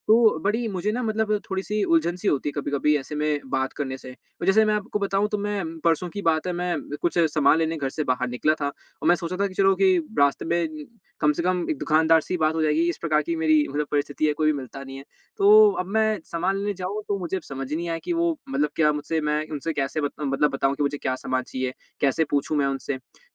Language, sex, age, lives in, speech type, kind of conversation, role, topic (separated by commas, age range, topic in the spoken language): Hindi, male, 20-24, India, conversation, advice, user, दूसरे शहर या देश में स्थानांतरण के बाद आपको अकेलापन कैसा लगा और आपने समायोजन कैसे किया?